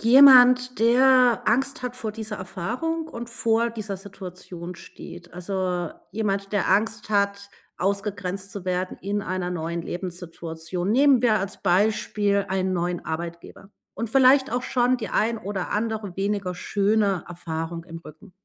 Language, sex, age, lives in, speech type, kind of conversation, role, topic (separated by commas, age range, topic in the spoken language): German, female, 40-44, Germany, conversation, podcast, host, Was können wir tun, damit sich niemand ausgeschlossen fühlt?